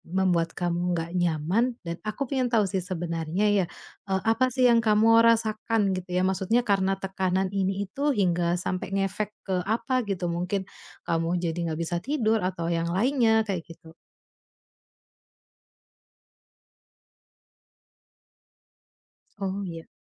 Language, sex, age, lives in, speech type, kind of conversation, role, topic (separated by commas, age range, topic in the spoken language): Indonesian, female, 30-34, Indonesia, conversation, advice, advisor, Tekanan untuk memenuhi ekspektasi keluarga